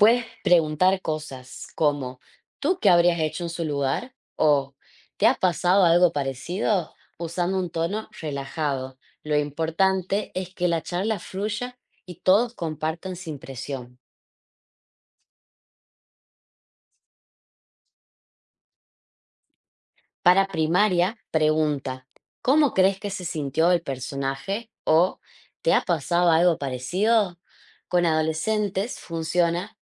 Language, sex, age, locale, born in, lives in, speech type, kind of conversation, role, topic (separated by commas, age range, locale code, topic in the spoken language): Spanish, female, 25-29, es-AR, Argentina, Netherlands, read, expert-assistant, expert, ¿Cómo podríamos hacer que aprender algo nuevo sea más fácil y divertido?